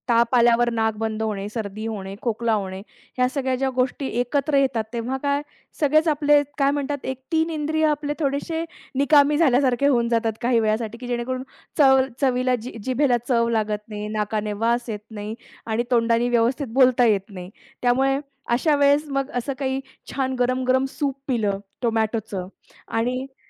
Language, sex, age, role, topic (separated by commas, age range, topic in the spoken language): Marathi, female, 35-39, guest, अन्नाचा सुगंध आपल्या चवीच्या जाणिवेवर कसा परिणाम करतो?